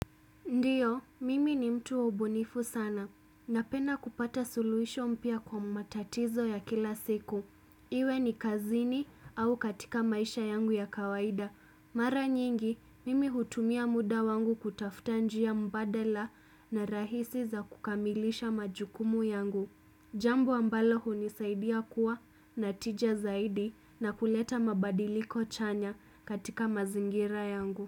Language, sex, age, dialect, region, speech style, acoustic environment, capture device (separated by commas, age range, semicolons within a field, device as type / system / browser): Swahili, female, 25-34, Kenya - Mombasa, Kenya, happy; relaxed; focused; excited, silence, desktop / Windows / Chrome